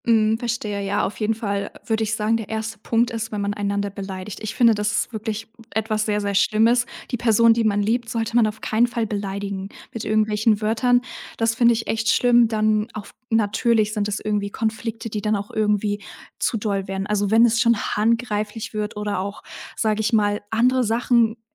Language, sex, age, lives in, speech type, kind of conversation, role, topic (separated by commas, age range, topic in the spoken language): German, female, 18-19, Germany, conversation, podcast, guest, Wie gehst du vor, um eine Beziehung nach einem Konflikt wieder zu kitten?